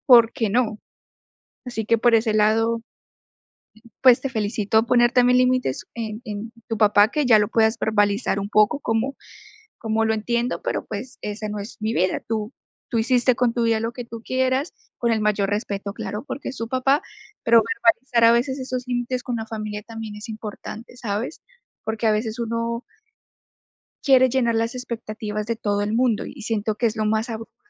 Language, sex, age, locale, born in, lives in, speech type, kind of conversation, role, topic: Spanish, female, 25-29, es-CO, Colombia, United States, conversation, podcast, host, ¿Cómo decides entre lo que tú quieres y lo que tu familia espera de ti?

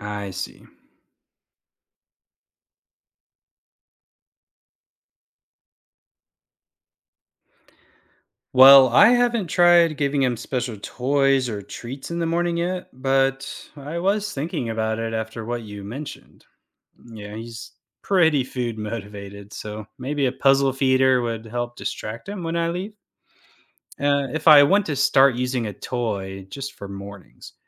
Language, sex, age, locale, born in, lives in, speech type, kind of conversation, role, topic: English, male, 35-39, en-US, United States, United States, read, expert-assistant, asker, What's the most practical approach to learning everything you need for a new pet’s health and care?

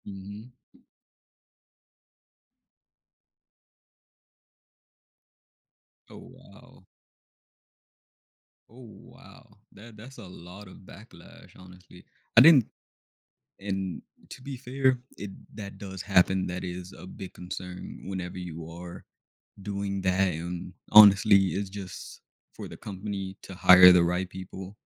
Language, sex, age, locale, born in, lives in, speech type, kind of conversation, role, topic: English, male, 20-24, en-US, United States, United States, conversation, unstructured, none, How can playing video games help people learn about different cultures and perspectives?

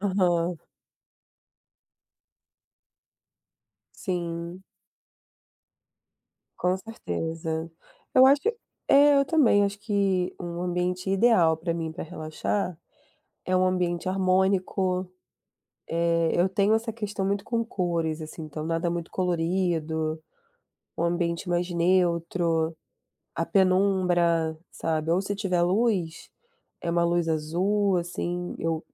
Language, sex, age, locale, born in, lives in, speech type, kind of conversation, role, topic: Portuguese, female, 30-34, pt-BR, Brazil, Germany, conversation, unstructured, none, Qual é o seu ambiente ideal para relaxar em casa?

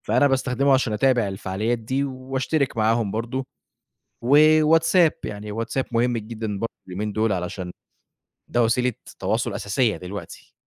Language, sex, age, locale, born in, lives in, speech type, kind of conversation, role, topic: Arabic, male, 25-29, ar-EG, Egypt, Egypt, conversation, podcast, guest, إزاي بتنظم وقتك على السوشيال ميديا؟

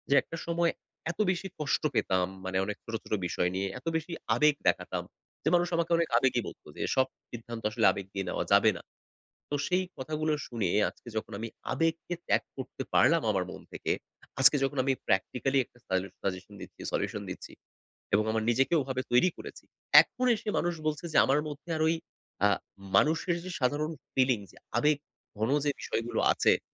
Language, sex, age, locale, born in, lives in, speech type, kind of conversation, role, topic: Bengali, male, 30-34, bn-BD, Bangladesh, Bangladesh, conversation, advice, user, আবেগিক শূন্যতা ও সহজে উদ্দীপনা হারানোর অনুভূতি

